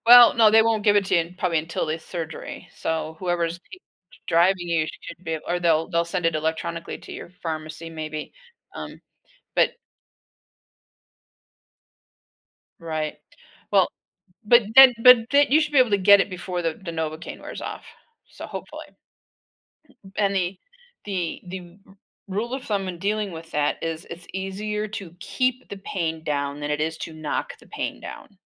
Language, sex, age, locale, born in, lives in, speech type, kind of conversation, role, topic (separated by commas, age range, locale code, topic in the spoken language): English, female, 60-64, en-US, United States, United States, conversation, advice, advisor, How can I celebrate overcoming a personal challenge in a way that boosts my confidence?